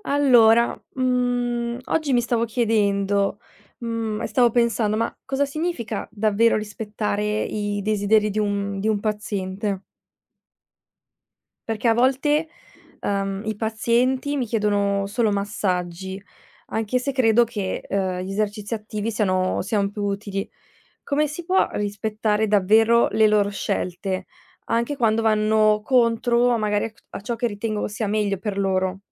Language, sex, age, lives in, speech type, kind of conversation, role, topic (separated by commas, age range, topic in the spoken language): Italian, female, 20-24, Italy, read, expert-assistant, asker, Cosa significa davvero rispettare i desideri di un paziente?